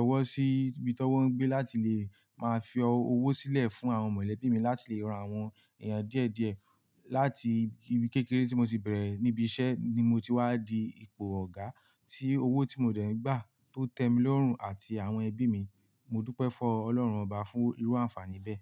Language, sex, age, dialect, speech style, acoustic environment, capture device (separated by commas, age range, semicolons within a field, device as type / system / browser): Yoruba, male, 18-24, Nigeria - Ibadan, happy, music, mobile / Linux / Chrome